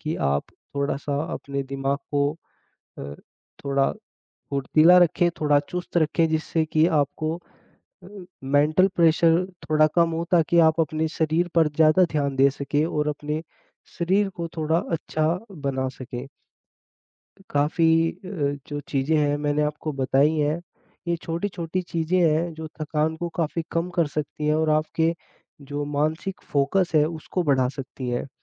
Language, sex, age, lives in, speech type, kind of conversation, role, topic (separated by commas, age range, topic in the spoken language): Hindi, male, 20-24, India, conversation, advice, advisor, वर्कआउट के बाद मुझे लगातार थकान क्यों महसूस होती है?